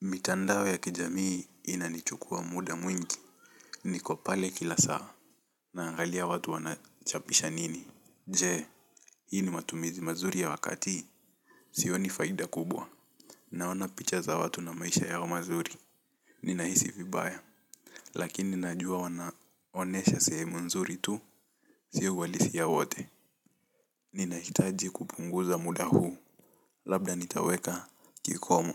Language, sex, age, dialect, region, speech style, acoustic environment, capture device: Swahili, male, 18-24, Kenya - Mombasa, Kenya, happy, silence, mobile / Linux / Chrome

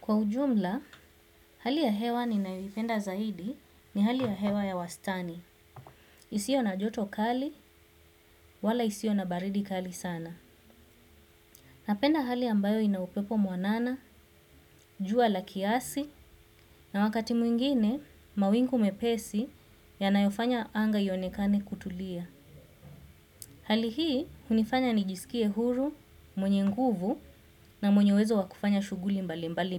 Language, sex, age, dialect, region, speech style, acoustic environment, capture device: Swahili, female, 25-34, Kenya - Mombasa, Kenya, happy; excited, silence, desktop / Windows / Chrome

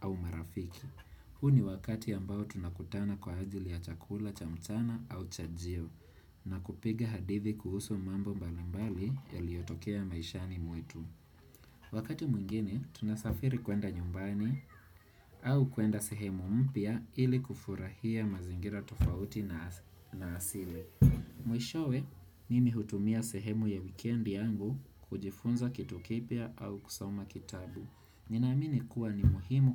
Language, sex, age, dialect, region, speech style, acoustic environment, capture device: Swahili, male, 25-34, Kenya - Nairobi Sheng-influenced, Kenya, relaxed, nature; people talking; traffic, desktop / Windows / Chrome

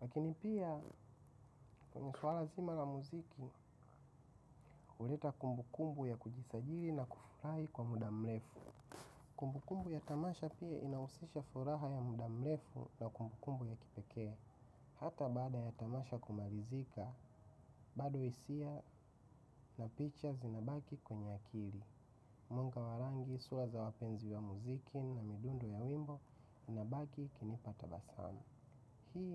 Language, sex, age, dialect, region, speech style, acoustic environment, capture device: Swahili, male, 25-34, Tanzania - Dar es Salaam, Tanzania, happy; relaxed, silence; nature; appliances, mobile / macOS / Safari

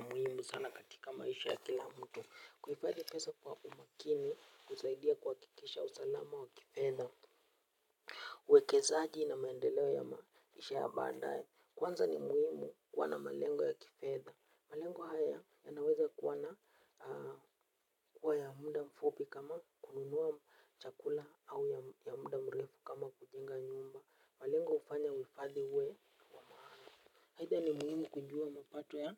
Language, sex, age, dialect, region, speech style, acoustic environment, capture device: Swahili, male, 18-24, Kenya - Nairobi Sheng-influenced, Kenya, relaxed; happy, music; nature, mobile / Linux / Chrome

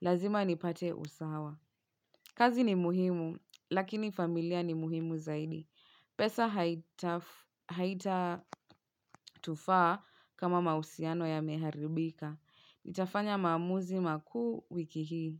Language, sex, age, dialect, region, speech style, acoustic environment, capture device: Swahili, female, 25-34, Kenya - Nairobi Sheng-influenced, Kenya, relaxed, silence, mobile / Linux / Chrome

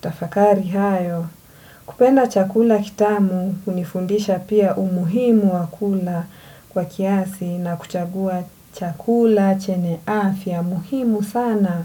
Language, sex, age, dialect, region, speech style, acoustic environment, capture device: Swahili, female, 25-34, Kenya - Mombasa, Kenya, relaxed, nature, desktop / Windows / Edge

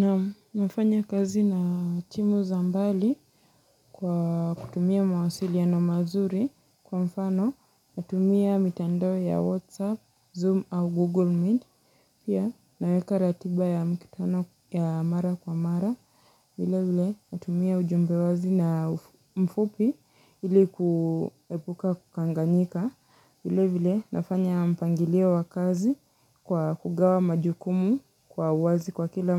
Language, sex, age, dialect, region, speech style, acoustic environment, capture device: Swahili, female, 25-34, Kenya - Mombasa, Kenya, happy; focused; nervous, silence; nature, mobile / Linux / Chrome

